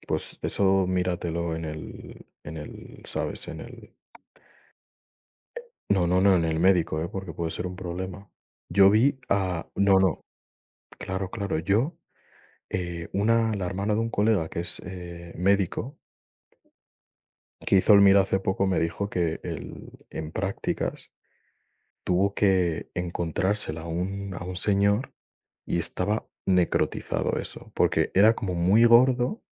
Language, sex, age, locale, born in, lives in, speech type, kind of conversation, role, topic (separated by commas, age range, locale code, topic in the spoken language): Spanish, male, 20-24, es-ES, Spain, Spain, conversation, unstructured, none, ¿Preferirías vivir sin redes sociales o sin televisión?